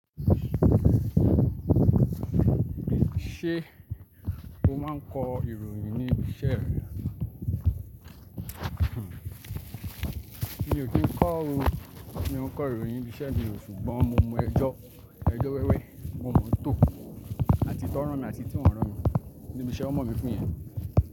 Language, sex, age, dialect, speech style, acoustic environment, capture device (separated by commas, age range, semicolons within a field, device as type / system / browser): Yoruba, male, 25-34, Nigeria - Lagos Yoruba, relaxed; focused, traffic; appliances; nature, desktop / Linux / Chrome